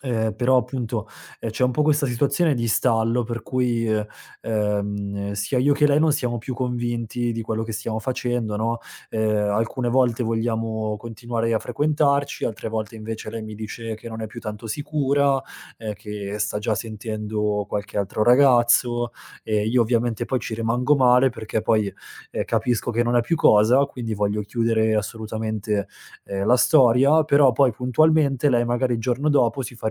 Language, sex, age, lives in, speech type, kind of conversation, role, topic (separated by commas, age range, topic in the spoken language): Italian, male, 30-34, Italy, conversation, advice, user, Come posso capire se ha senso tornare insieme nonostante dubbi profondi?